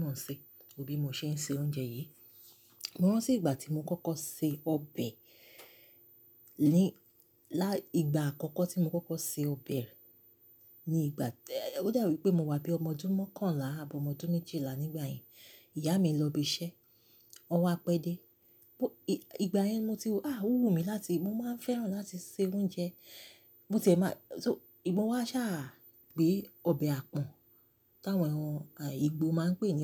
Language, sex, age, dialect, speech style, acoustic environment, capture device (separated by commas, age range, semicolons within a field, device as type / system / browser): Yoruba, female, 35-44, Nigeria - Lagos Yoruba, excited; relaxed, silence, mobile / Linux / Chrome